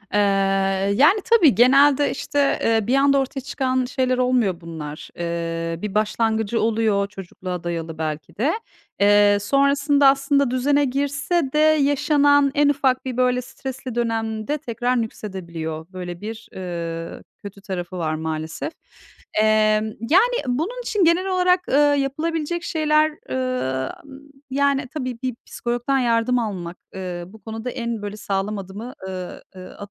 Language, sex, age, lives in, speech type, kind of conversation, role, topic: Turkish, female, 40-44, Netherlands, conversation, advice, advisor, Kabuslar veya uyku bozuklukları nedeniyle geceleri korku ve tükenmişlik hissediyor musunuz?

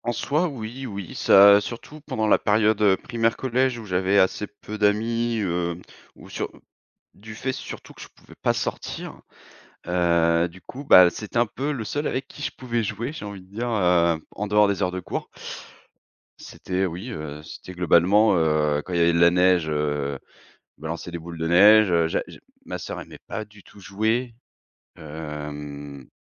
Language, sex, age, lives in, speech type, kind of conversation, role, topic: French, male, 30-34, France, conversation, podcast, guest, Quel rituel familial autour des films te reste le plus en tête ?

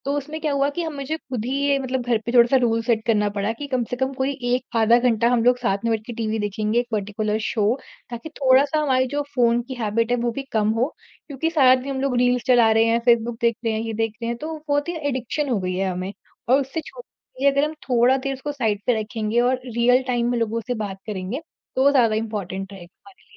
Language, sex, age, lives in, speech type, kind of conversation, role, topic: Hindi, female, 40-44, India, conversation, podcast, guest, Tumhare hisaab se smartphone kaam aur life balance ko kaise badal raha hai?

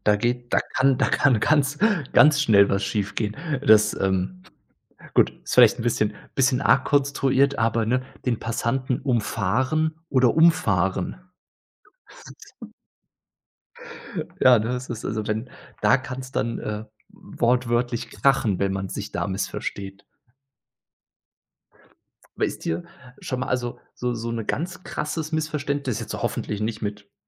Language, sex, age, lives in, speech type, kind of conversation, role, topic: German, male, 30-34, Germany, conversation, podcast, host, Was machst du, wenn deine Nachrichten oft missverstanden werden?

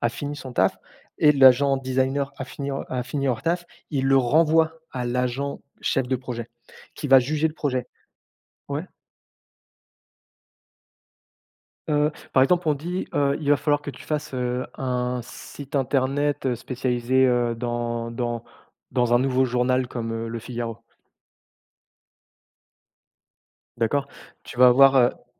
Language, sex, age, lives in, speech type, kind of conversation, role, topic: French, male, 30-34, France, conversation, unstructured, none, Que se passerait-il si la technologie nous permettait de vivre à plein temps dans un monde virtuel ?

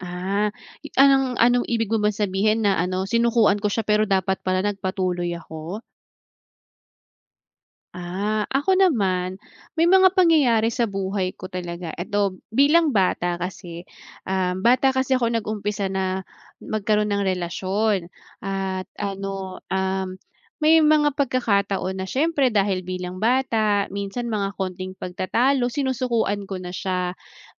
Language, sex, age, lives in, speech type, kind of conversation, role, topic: Filipino, female, 30-34, Philippines, conversation, unstructured, none, Paano mo pinipili kung kailan ka dapat sumuko at kung kailan ka dapat magpatuloy?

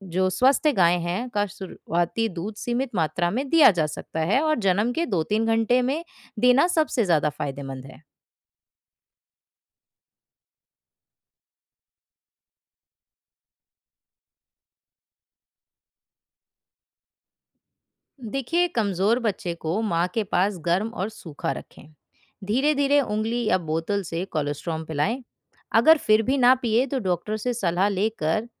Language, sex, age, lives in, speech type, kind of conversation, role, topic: Hindi, female, 20-24, India, read, expert-assistant, expert, अगर आपके पास कम जगह और साधन हों, तो आप अपने पशुओं की देखभाल कैसे बेहतर बना सकते हैं?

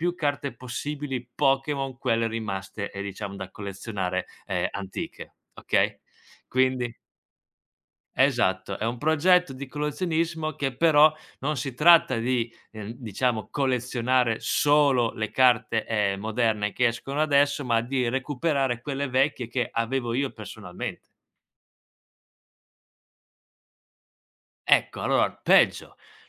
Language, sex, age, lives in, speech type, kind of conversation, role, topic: Italian, male, 25-29, Italy, conversation, podcast, guest, Raccontami di un progetto personale a cui tieni molto?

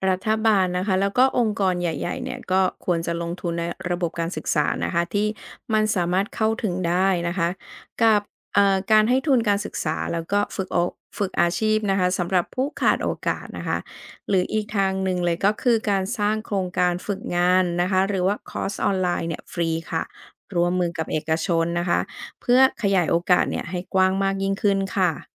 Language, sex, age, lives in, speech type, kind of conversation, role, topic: Thai, female, 40-44, Thailand, read, expert-assistant, expert, ถ้าสังคมไทยมีช่องว่างระหว่างคนรวยกับคนจนมากขึ้น จะส่งผลดีหรือเสียต่อคนทั่วไปอย่างไร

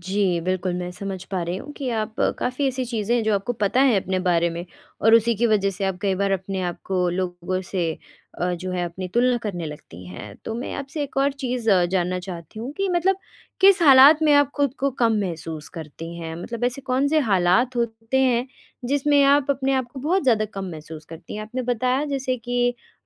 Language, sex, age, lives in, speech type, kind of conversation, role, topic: Hindi, female, 20-24, India, conversation, advice, advisor, मैं दूसरों से तुलना करना छोड़कर अपनी कदर कैसे करूँ?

